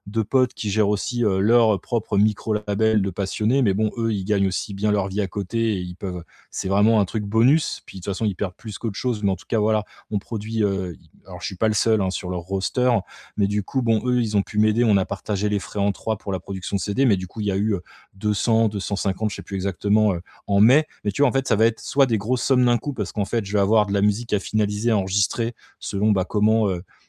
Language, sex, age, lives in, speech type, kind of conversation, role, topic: French, male, 35-39, France, conversation, advice, user, Comment équilibrer une sécurité financière stable avec la poursuite de mes passions sans avoir de regrets ?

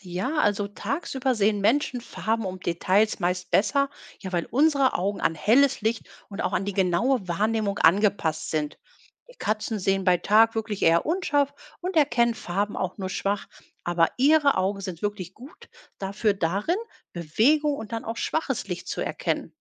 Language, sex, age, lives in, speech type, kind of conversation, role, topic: German, female, 45-49, Germany, read, expert-assistant, expert, Warum können Katzen im Dunkeln sehen?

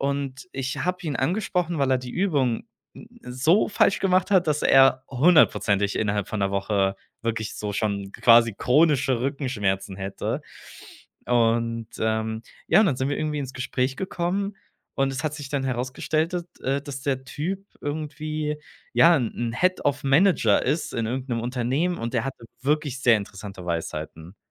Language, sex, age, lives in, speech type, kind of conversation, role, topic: German, male, 25-29, Germany, conversation, podcast, guest, Wann hat ein Gespräch dein Leben verändert?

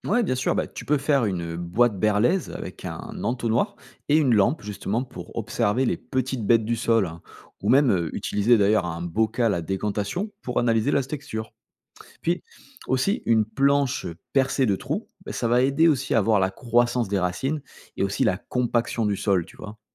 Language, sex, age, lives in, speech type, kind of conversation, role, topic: French, male, 35-39, France, read, expert-assistant, expert, Quelles petites innovations du quotidien pourraient faire une grande différence pour l’agriculture durable ?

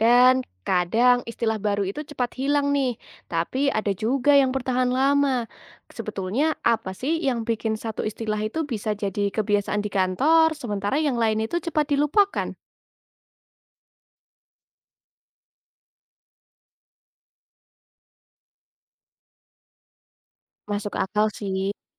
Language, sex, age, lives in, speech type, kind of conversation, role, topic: Indonesian, female, 20-24, Indonesia, read, expert-assistant, asker, Apa cara terbaik untuk mulai mengamati perubahan bahasa di sekitar kita dan memahami alasannya?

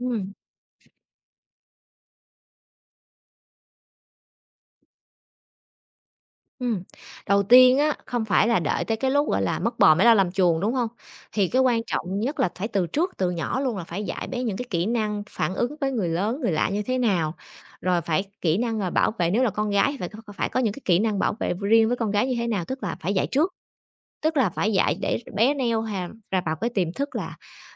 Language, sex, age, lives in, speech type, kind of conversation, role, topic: Vietnamese, female, 30-34, Vietnam, conversation, podcast, guest, Nuôi dạy con trong thời đại mạng xã hội, cha mẹ cần lưu ý những gì?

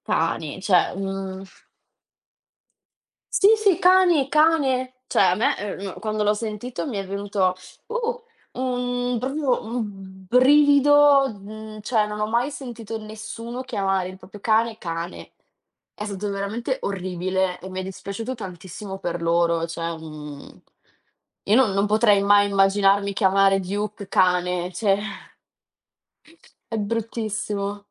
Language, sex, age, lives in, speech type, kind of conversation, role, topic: Italian, female, 20-24, Italy, conversation, unstructured, none, Qual è il ricordo più bello che hai con un animale?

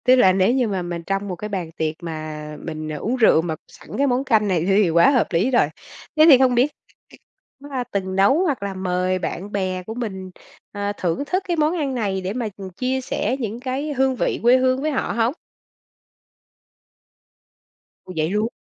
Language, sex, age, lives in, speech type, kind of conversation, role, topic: Vietnamese, female, 45-49, Vietnam, conversation, podcast, host, Bạn có thể chia sẻ về một món ăn quê hương gắn liền với ký ức của bạn không?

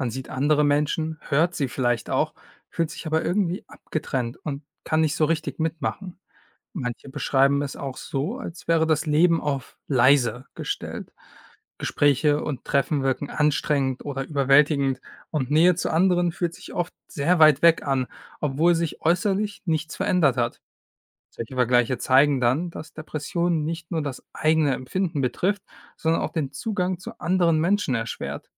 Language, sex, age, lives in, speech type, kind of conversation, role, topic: German, male, 25-29, Germany, read, expert-assistant, expert, Wie wirkt sich Depression auf den Alltag und das Miteinander aus?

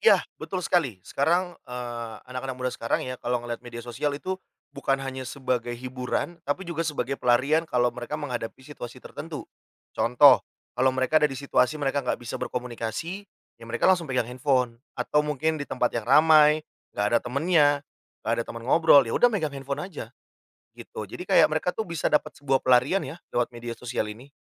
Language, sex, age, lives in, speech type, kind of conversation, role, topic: Indonesian, male, 30-34, Indonesia, conversation, podcast, guest, Bagaimana menurutmu media sosial memengaruhi selera hiburan anak muda?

